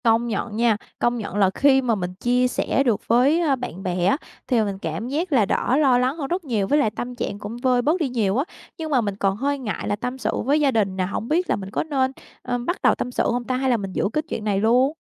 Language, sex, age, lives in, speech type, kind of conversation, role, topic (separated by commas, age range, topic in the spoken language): Vietnamese, female, 25-29, Vietnam, conversation, advice, user, Bạn nên làm gì khi thấy tủi thân vì người yêu cũ đã có người mới trên mạng?